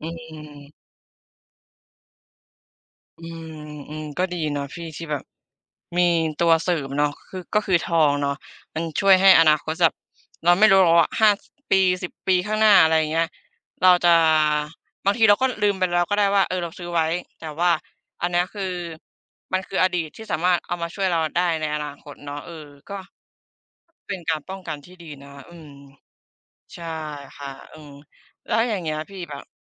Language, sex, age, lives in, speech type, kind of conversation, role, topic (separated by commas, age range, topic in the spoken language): Thai, female, 25-29, Thailand, conversation, unstructured, none, อนาคตที่คุณฝันไว้คืออะไร?